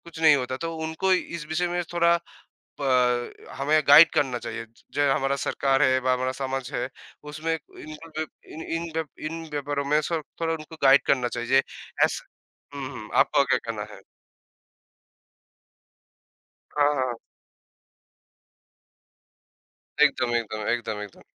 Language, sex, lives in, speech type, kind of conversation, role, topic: Hindi, male, India, conversation, unstructured, none, क्या साइबर सुरक्षा पर ध्यान देना ज़रूरी है, और क्यों?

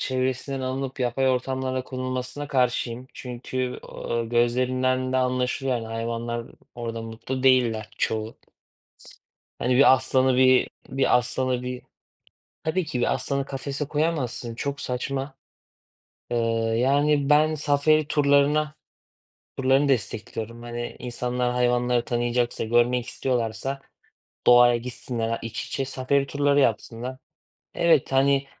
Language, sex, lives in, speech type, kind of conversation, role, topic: Turkish, male, Germany, conversation, unstructured, none, Ormanların yok olması bizi nasıl etkiler?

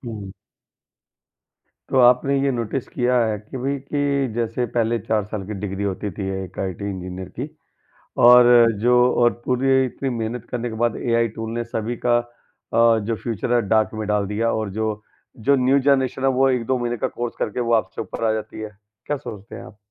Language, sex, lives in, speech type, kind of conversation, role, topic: Hindi, male, India, conversation, podcast, host, Start-up join karna ya secure naukri choose karna—iss baare mein tumhari kya soch hai?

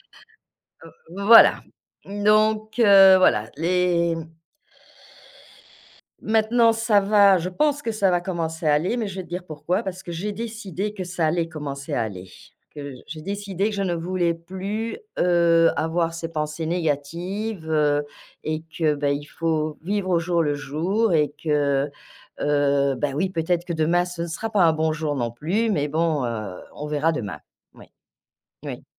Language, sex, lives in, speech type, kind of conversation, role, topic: French, female, France, conversation, podcast, guest, Qu’est-ce qui t’aide à reprendre confiance en toi après un échec ?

